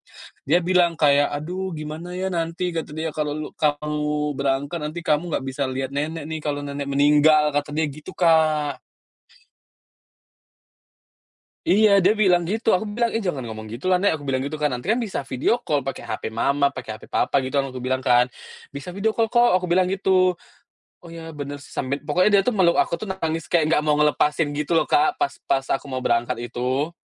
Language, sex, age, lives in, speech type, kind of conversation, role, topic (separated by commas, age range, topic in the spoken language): Indonesian, male, 30-34, Indonesia, conversation, podcast, guest, Bagaimana Anda memutuskan untuk pindah ke kota atau negara lain?